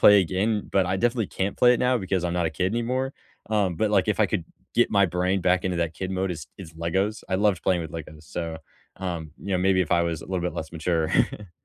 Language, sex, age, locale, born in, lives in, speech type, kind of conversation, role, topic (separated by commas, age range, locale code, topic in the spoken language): English, male, 18-19, en-US, United States, United States, conversation, unstructured, none, Which childhood game would you still happily play today, and what makes it timeless for you?